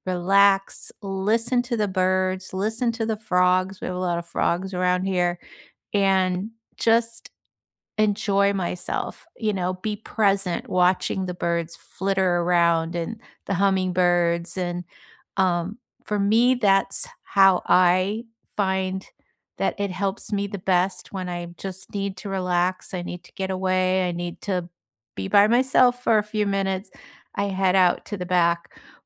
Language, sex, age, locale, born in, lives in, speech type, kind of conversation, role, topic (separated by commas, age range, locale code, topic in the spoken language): English, female, 65-69, en-US, United States, United States, conversation, unstructured, none, What’s your go-to way to unwind after a long day, and why does it help you?